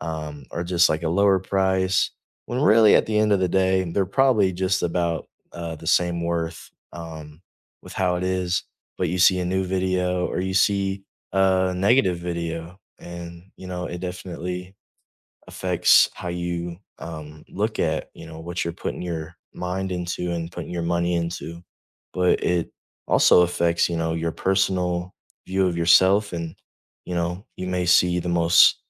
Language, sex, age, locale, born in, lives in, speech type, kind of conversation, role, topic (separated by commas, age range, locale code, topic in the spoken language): English, male, 18-19, en-US, United States, United States, conversation, unstructured, none, How does social media affect our mental health?